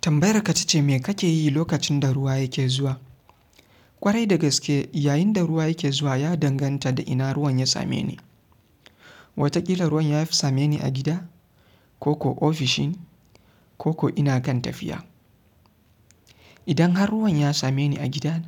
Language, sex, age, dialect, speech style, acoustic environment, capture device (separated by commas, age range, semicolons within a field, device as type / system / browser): Hausa, male, 25-34, Nigeria - Kano, happy; relaxed; focused, silence, mobile / Linux / Chrome